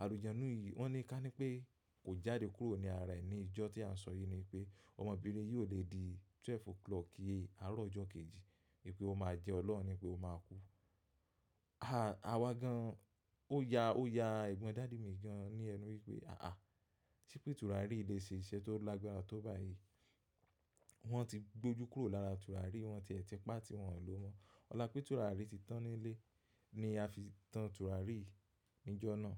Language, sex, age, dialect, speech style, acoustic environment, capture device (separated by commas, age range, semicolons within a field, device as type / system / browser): Yoruba, male, 25-34, Nigeria - Lagos Yoruba, happy, nature; appliances, mobile / macOS / Safari